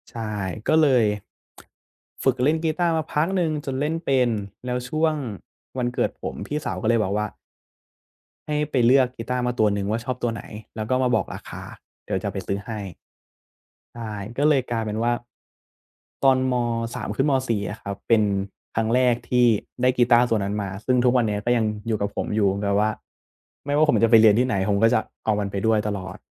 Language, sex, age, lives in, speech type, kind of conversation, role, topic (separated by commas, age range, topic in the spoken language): Thai, male, 20-24, Thailand, conversation, podcast, guest, มีเพลงอะไรที่เชื่อมโยงกับความทรงจำของคุณบ้าง?